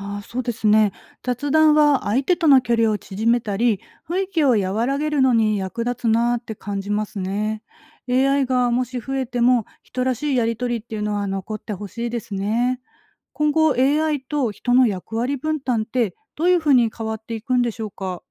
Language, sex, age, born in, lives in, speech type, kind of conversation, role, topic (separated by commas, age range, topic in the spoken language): Japanese, female, 40-44, Japan, Japan, read, expert-assistant, asker, デジタルメディアの普及が私たちの情報収集やコミュニケーションにもたらすメリットとデメリットは何でしょうか？